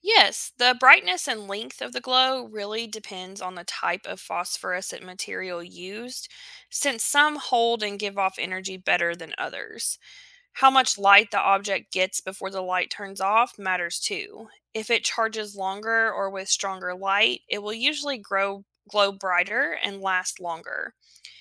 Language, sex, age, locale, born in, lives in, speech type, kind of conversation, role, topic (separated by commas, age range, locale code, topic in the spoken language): English, female, 35-39, en-US, United States, United States, read, expert-assistant, expert, Why do some objects glow in the dark?